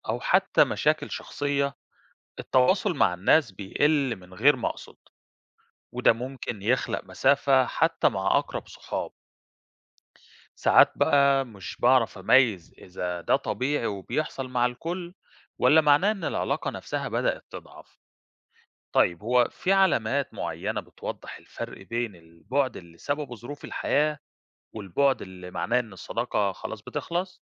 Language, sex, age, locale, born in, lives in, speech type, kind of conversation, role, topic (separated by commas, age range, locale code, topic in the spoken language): Arabic, male, 30-34, ar-EG, Egypt, Greece, read, expert-assistant, asker, إيه أكتر حاجة بتخلي الصداقة تدوم؟